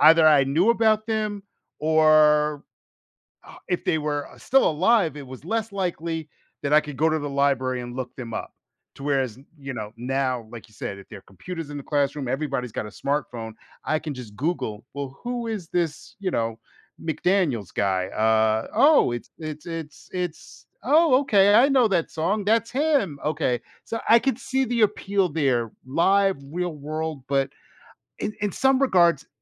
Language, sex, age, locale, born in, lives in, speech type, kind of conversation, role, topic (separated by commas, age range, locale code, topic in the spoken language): English, male, 50-54, en-US, United States, United States, conversation, podcast, host, In what ways has technology reshaped our approach to education and learning?